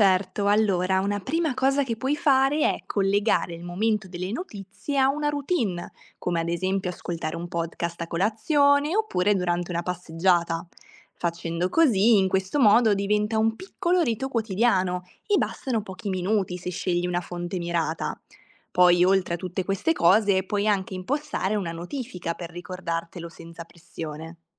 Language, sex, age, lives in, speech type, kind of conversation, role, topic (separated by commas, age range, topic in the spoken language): Italian, female, 20-24, Italy, read, expert-assistant, expert, Come posso restare aggiornato sulla politica internazionale senza sentirmi sommerso?